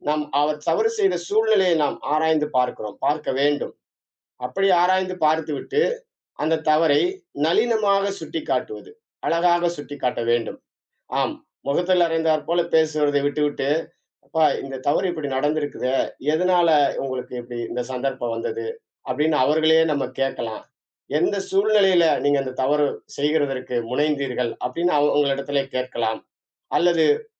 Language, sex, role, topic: Tamil, male, guest, ஒருவரை குற்றம் சாட்டாமல், மரியாதையுடன் நீங்கள் எப்படி விமர்சிப்பீர்கள்?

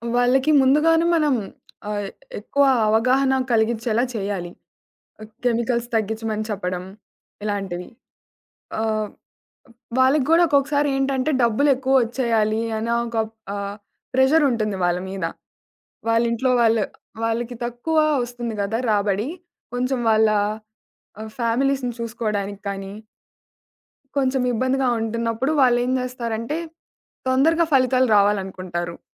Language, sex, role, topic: Telugu, female, guest, రైతు నుంచి నేరుగా తీసుకునే ఆహారం గురించి మీ అభిప్రాయం ఏంటి?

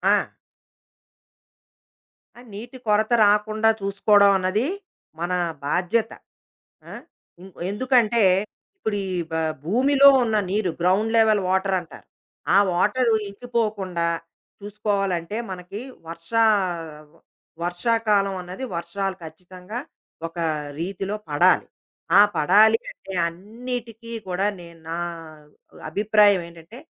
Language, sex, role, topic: Telugu, female, guest, నీటిని పొదుపు చేయడంలో మీకు అత్యంత ఇష్టమైన అలవాటు ఏది?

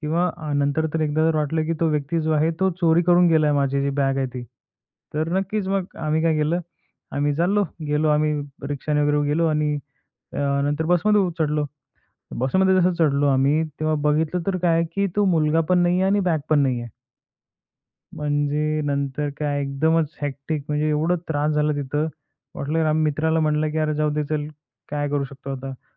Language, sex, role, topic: Marathi, male, guest, बॅगेज हरवल्यावर तुम्ही नेमकी कोणती पावले उचललीत?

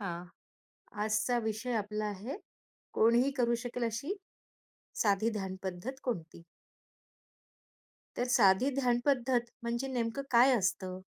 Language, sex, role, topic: Marathi, female, host, कोणीही करू शकेल अशी साधी ध्यानपद्धत कोणती?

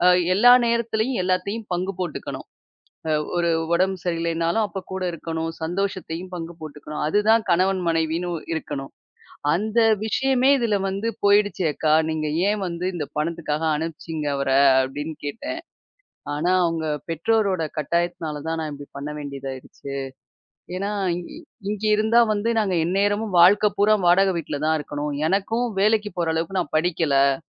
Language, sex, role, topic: Tamil, female, guest, பணம் தான் வெற்றியென்று நினைக்கிறீர்களா?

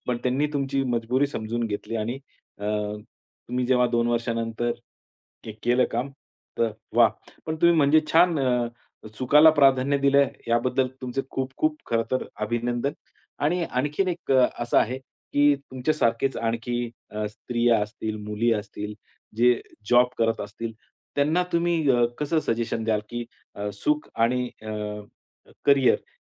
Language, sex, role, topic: Marathi, male, host, तुमच्या मते यशापेक्षा समाधानी आयुष्य का महत्त्वाचं आहे?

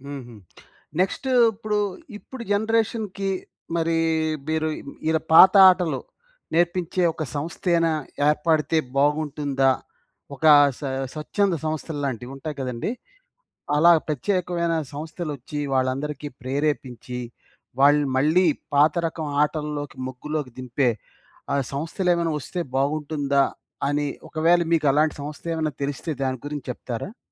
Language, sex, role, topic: Telugu, male, host, మీకు చిన్నప్పట్లో ఆడిన ఆటలు గుర్తొస్తున్నాయా?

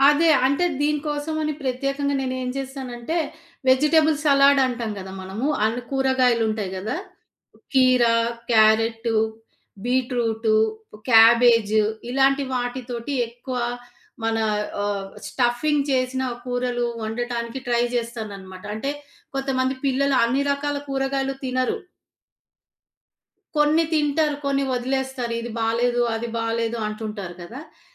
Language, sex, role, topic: Telugu, female, guest, వంటలో ఆరోగ్యం, రుచి రెండింటి మధ్య సమతుల్యతను మీరు ఎలా సాధిస్తారు?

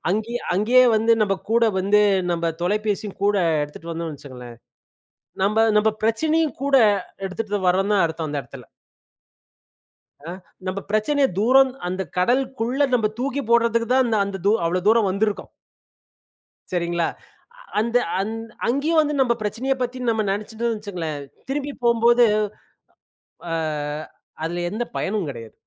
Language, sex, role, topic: Tamil, male, guest, பணம் செலவில்லாமலே பொழுதுபோக்கு நன்றாக இருக்க முடியுமா?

